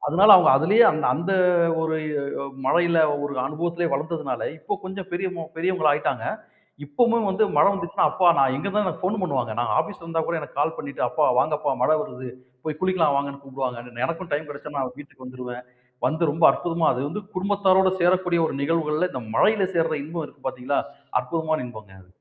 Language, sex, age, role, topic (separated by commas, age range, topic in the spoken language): Tamil, male, 40-44, guest, மழையில் வெளியே நடப்பது உங்களுக்கு ஏன் பிடிக்கும்?